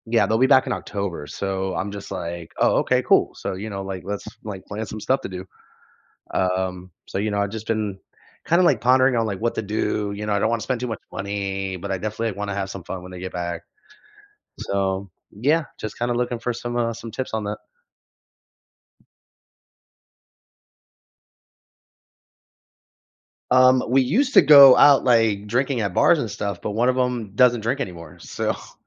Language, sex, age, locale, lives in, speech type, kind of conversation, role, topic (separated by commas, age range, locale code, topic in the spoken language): English, male, 30-34, en-US, United States, conversation, advice, user, How can I reach out to an old friend when I'm feeling nostalgic?